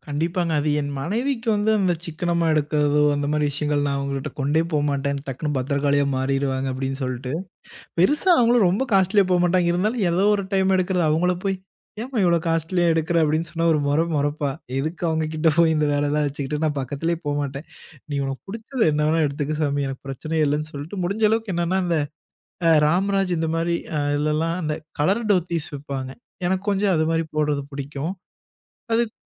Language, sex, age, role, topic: Tamil, male, 25-29, guest, குறைந்த செலவில் தோற்றத்தை அழகாகவும் நவீனமாகவும் மாற்றுவது எப்படி சாத்தியம்?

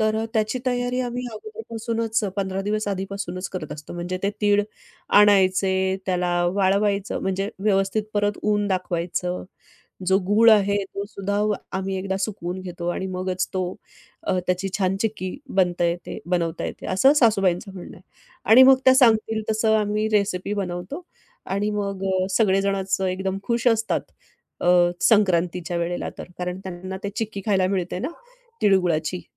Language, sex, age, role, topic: Marathi, female, 45-49, guest, तुम्ही घरी कामांचे वाटप कसे करता?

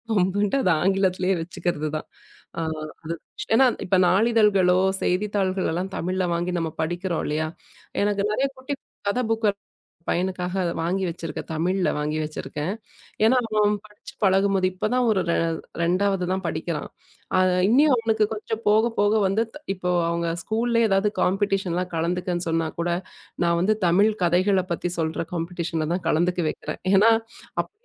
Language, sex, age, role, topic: Tamil, female, 35-39, guest, பழைய மொழியைத் தக்கவைத்துக்கொள்ள நீங்கள் என்ன செய்கிறீர்கள்?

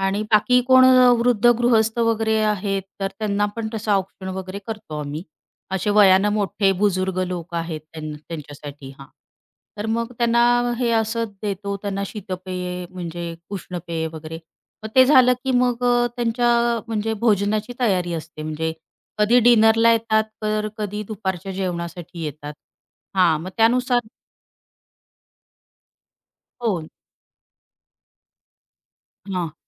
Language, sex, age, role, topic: Marathi, female, 35-39, guest, तुमच्या घरी पाहुण्यांचे स्वागत कसे केले जाते?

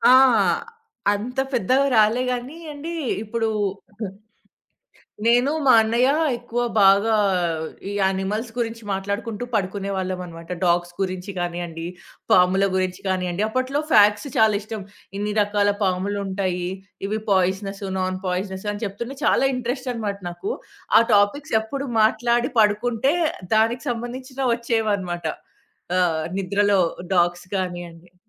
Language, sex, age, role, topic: Telugu, female, 30-34, guest, స్మార్ట్‌ఫోన్ తెర నిద్రకు ఎలా ఆటంకం కలిగిస్తుందో వివరించగలరా?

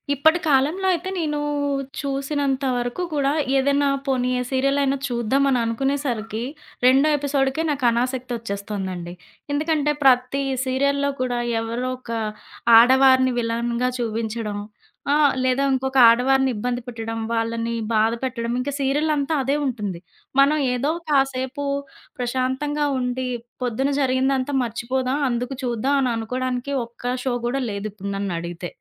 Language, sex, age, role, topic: Telugu, female, 30-34, guest, మీ కుటుంబంతో కలిసి చూసిన గుర్తుండిపోయే టీవీ కార్యక్రమం ఏది?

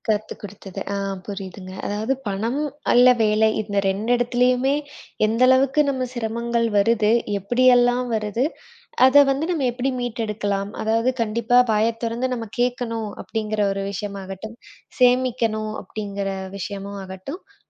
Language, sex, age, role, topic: Tamil, female, 25-29, host, பணம் மற்றும் வேலை தொடர்பான பிரச்சினைகளால் வரும் சிரமத்தை நீங்கள் எப்படி சமாளிக்கிறீர்கள்?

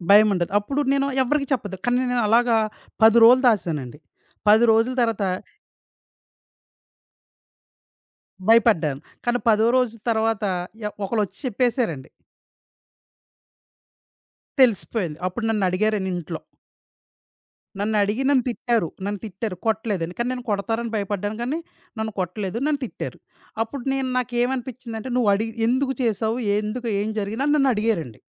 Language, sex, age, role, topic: Telugu, male, 30-34, guest, నువ్వు నిజం చెప్పేటప్పుడు నీకు ఎలా అనిపిస్తుంది?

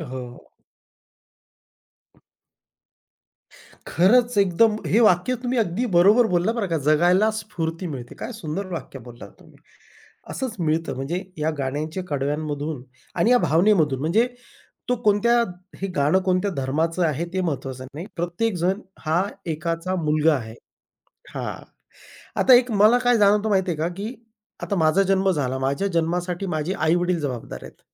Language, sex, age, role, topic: Marathi, male, 40-44, guest, एका गाण्याने तुझं जीवन कुठे तरी बदललंय का?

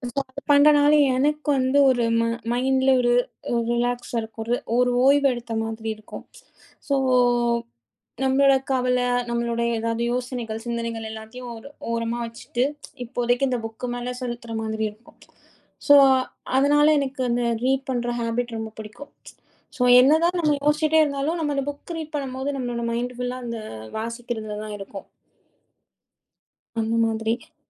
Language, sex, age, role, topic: Tamil, female, 30-34, guest, பழைய பொழுதுபோக்குகளை மீண்டும் தொடங்குவது உடல்நலத்துக்கும் மனநலத்துக்கும் எப்படி உதவுகிறது?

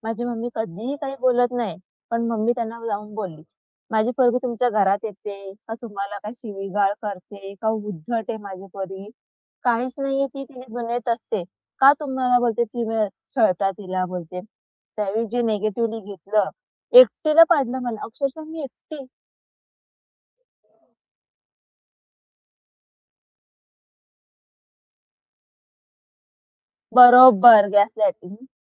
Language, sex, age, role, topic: Marathi, female, 25-29, guest, एकटेपणा जाणवल्यावर तुम्ही स्वतःला कसे सावरता?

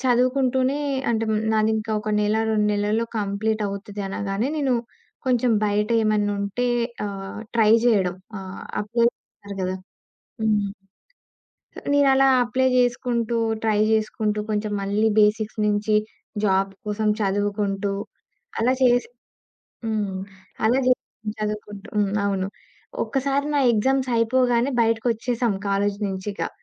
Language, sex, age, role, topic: Telugu, female, 20-24, guest, పెళ్లి లేదా కొత్త ఉద్యోగం వంటి ముఖ్యమైన మైలురాళ్లు మీ దుస్తుల శైలిని ఎలా మార్చాయి?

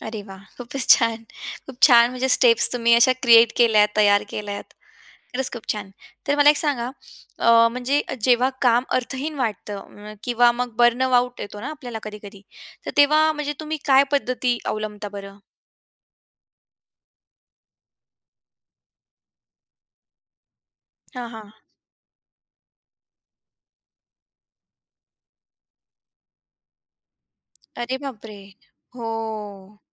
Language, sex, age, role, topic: Marathi, female, 40-44, host, कामात तुम्ही समाधान कसे शोधता?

